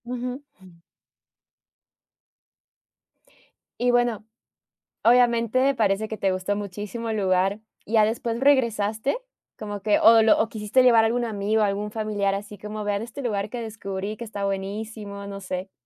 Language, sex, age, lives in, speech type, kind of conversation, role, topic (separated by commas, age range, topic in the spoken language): Spanish, female, 30-34, United States, conversation, podcast, host, ¿Has descubierto algún platillo delicioso o conocido a alguien interesante después de perderte?